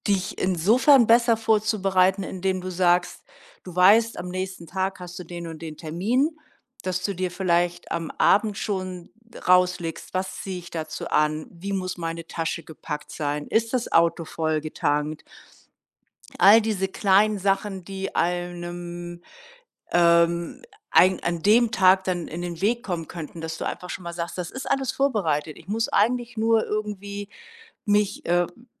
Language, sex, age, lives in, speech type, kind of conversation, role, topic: German, female, 60-64, Germany, conversation, advice, advisor, Wie kann ich chronisches Zuspätkommen und schlechte Zeitplanung in den Griff bekommen?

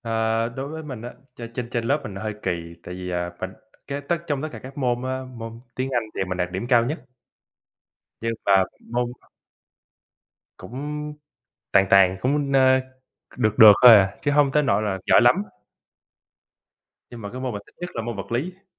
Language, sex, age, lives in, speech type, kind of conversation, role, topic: Vietnamese, male, 25-29, United States, conversation, unstructured, none, Bạn thường chọn học vào buổi sáng hay buổi tối, và vì sao?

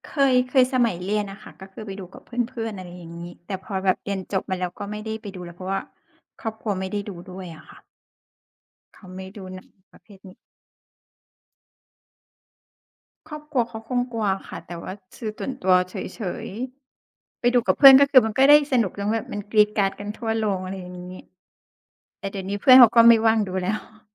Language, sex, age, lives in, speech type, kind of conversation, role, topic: Thai, female, 40-44, Thailand, conversation, unstructured, none, ทำไมคนบางคนถึงโกรธเมื่อมีคนวิจารณ์งานอดิเรกของเขา?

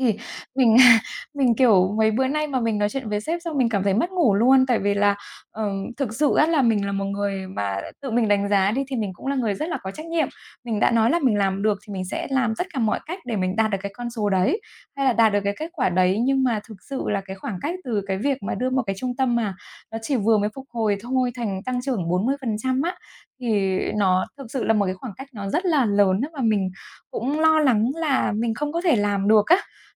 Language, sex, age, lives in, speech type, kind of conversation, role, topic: Vietnamese, female, 35-39, Vietnam, conversation, advice, user, Làm thế nào để cải thiện giấc ngủ khi bạn bị mất ngủ vì áp lực doanh thu và mục tiêu tăng trưởng?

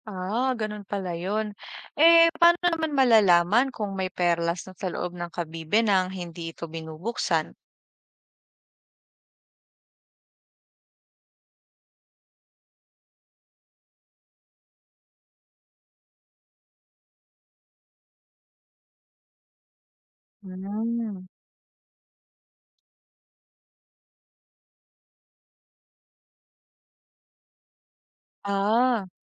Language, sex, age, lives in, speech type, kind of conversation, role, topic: Filipino, female, 25-29, Philippines, read, expert-assistant, asker, Paano nabubuo ang perlas sa loob ng kabibe?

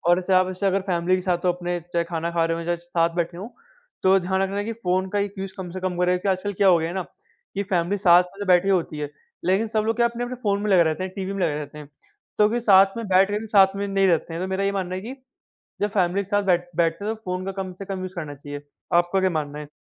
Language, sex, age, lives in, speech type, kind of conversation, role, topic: Hindi, male, 45-49, India, conversation, unstructured, none, क्या अधिक स्क्रीन समय हमारे मानसिक स्वास्थ्य पर बुरा असर डालता है?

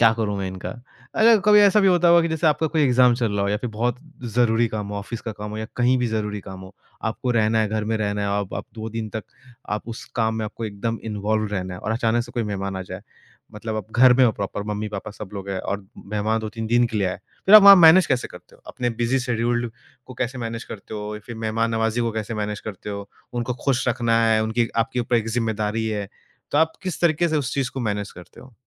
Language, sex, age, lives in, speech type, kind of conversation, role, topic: Hindi, male, 25-29, India, conversation, podcast, host, Last-minute guests aaye to aapka approach kya hota hai?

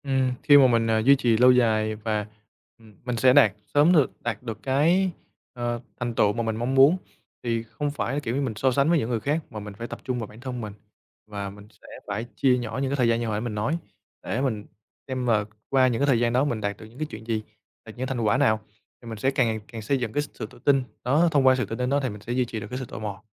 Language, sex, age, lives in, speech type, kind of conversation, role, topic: Vietnamese, male, 25-29, Vietnam, conversation, podcast, guest, Làm sao để giữ được sự tò mò khi học lâu dài?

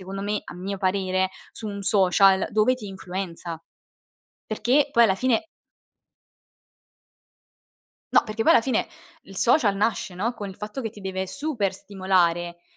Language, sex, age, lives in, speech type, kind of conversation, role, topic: Italian, female, 20-24, Italy, conversation, unstructured, none, Come ti senti riguardo agli influencer sui social network?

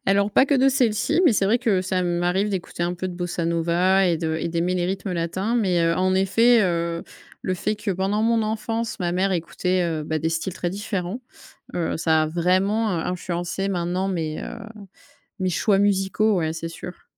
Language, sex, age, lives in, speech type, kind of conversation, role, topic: French, female, 30-34, France, conversation, podcast, guest, Quelle chanson te rappelle ton enfance ?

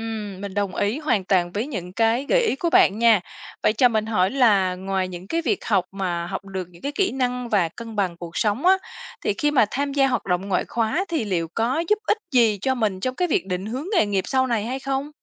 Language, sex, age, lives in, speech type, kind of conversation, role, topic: Vietnamese, female, 35-39, Vietnam, read, expert-assistant, asker, Ý nghĩa của việc tham gia các hoạt động ngoại khóa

